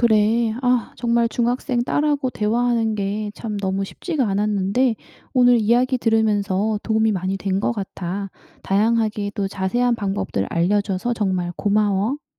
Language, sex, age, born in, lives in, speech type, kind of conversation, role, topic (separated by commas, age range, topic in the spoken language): Korean, female, 35-39, South Korea, South Korea, read, expert-assistant, asker, 중학생 자녀와 오해를 줄이고 원활하게 소통하려면 어떻게 해야 할까요?